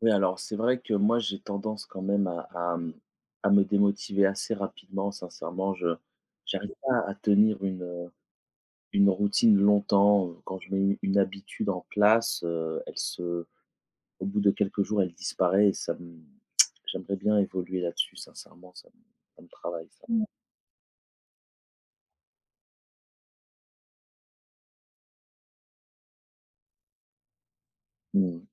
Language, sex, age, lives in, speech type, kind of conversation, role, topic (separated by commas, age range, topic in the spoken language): French, male, 35-39, France, conversation, advice, user, Pourquoi est-ce que j’abandonne une nouvelle routine d’exercice au bout de quelques jours ?